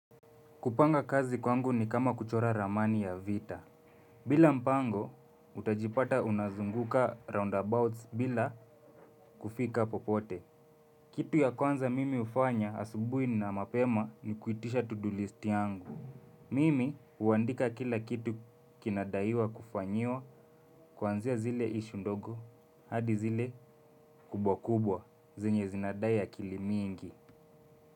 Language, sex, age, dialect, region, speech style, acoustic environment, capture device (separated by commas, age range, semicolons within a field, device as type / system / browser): Swahili, male, 25-34, Kenya - Nairobi Sheng-influenced, Kenya, relaxed, nature; construction, mobile / Linux / Chrome